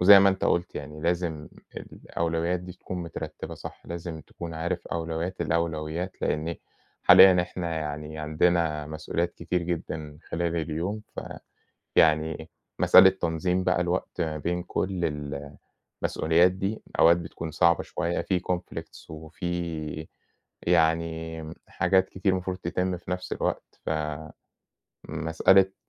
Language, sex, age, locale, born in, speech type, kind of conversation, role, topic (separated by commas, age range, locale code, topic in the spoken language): Arabic, male, 30-34, ar-EG, Egypt, conversation, unstructured, none, إيه عاداتك اليومية اللي بتساعدك تنظم وقتك؟